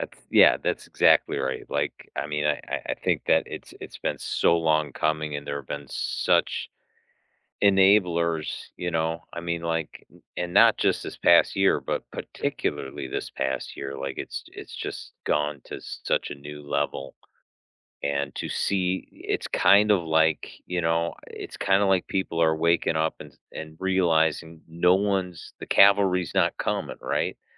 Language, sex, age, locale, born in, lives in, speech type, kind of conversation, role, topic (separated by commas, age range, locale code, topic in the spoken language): English, male, 55-59, en-US, United States, United States, conversation, unstructured, none, What recent news story made you feel hopeful?